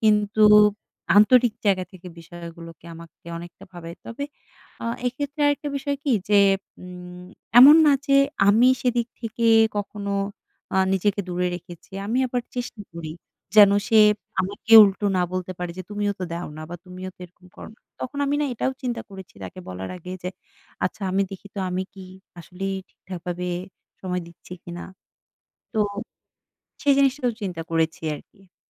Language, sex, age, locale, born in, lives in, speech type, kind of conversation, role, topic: Bengali, female, 25-29, bn-BD, Bangladesh, Bangladesh, conversation, advice, user, আপনার সম্পর্কে কি আন্তরিকতা বা ভালোবাসা কমে আসছে বলে মনে হচ্ছে?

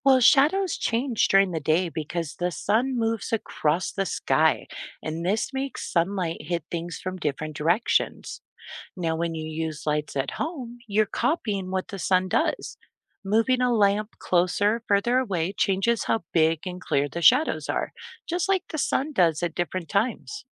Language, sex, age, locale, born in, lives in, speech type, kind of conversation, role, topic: English, female, 45-49, en-US, United States, United States, read, expert-assistant, expert, How does shadow size change as light distance varies?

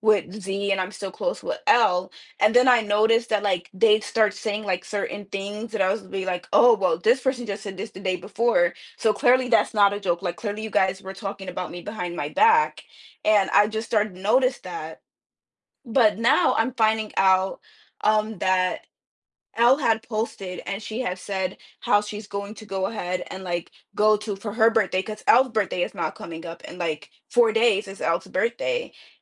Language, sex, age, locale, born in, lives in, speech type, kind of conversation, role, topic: English, female, 20-24, en-US, United States, United States, conversation, advice, user, How do I talk to my friend when I'm worried I'm letting them down?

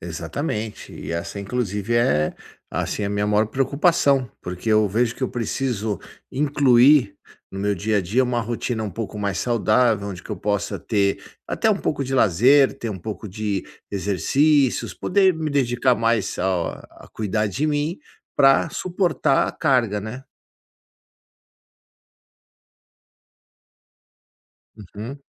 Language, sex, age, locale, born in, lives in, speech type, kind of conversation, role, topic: Portuguese, male, 50-54, pt-BR, Brazil, United States, conversation, advice, user, Como posso criar rotinas simples e consistentes que sejam fáceis de seguir?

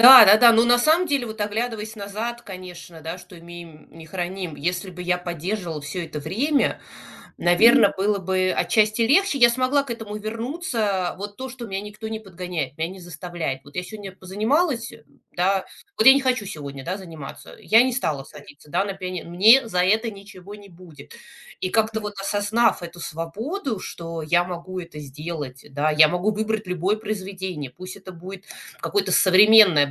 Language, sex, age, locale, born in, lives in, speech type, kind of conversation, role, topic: Russian, female, 40-44, ru-RU, Russia, United States, conversation, podcast, guest, Как ты находишь свой творческий голос?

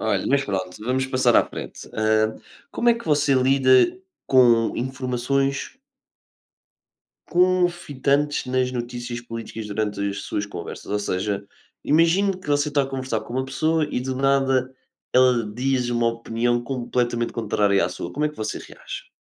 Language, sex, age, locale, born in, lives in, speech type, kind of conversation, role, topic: Portuguese, male, 20-24, pt-PT, Portugal, Portugal, conversation, unstructured, none, Como as notícias políticas influenciam suas conversas do dia a dia?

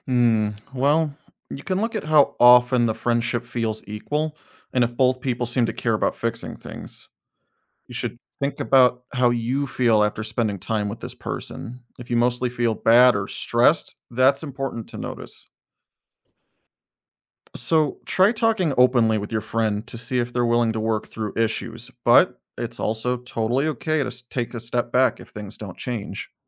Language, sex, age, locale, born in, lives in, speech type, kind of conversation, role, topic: English, male, 35-39, en-US, United States, United States, read, expert-assistant, expert, How can we make healthy friendships?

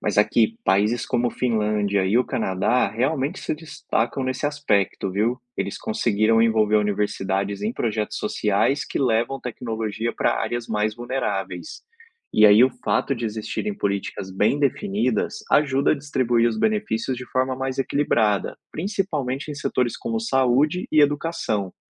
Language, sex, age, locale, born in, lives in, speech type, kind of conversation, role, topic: Portuguese, male, 30-34, pt-BR, Brazil, Spain, read, expert-assistant, expert, Por que investir em exploração espacial faz sentido mesmo com tantos problemas sociais no Brasil?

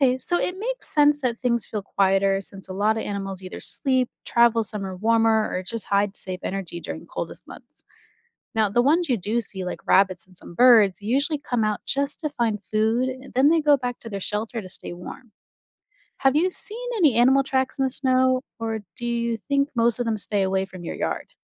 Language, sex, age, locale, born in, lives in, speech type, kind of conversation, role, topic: English, female, 30-34, en-US, United States, United States, read, expert-assistant, expert, Why do some animals hibernate during the winter rather than staying active?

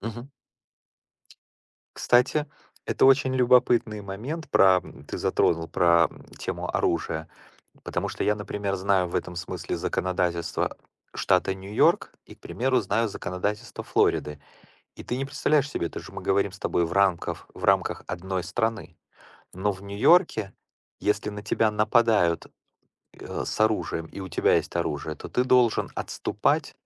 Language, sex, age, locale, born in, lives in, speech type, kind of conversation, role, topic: Russian, male, 45-49, ru-RU, Ukraine, United States, conversation, unstructured, none, Что вы думаете о несправедливости в судебной системе?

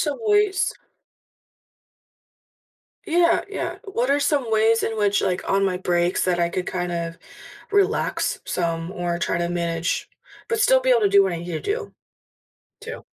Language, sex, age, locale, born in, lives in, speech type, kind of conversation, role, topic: English, female, 25-29, en-US, United States, United States, conversation, advice, user, How can I better manage stress from daily responsibilities?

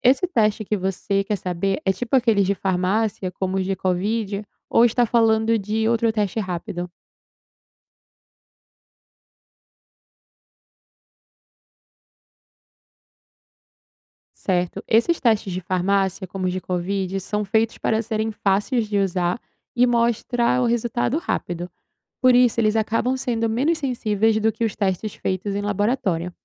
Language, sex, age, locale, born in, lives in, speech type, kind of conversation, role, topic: Portuguese, female, 20-24, pt-BR, Brazil, Italy, read, expert-assistant, expert, Como a ciência pode ajudar a combater doenças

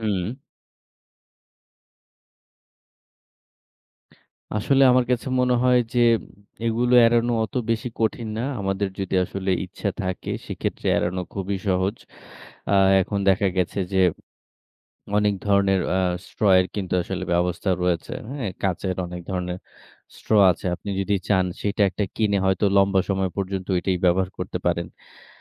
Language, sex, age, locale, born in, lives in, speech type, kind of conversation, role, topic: Bengali, male, 30-34, bn-BD, Bangladesh, Bangladesh, conversation, podcast, guest, প্লাস্টিকের ব্যবহার কমানোর সহজ উপায় কী কী?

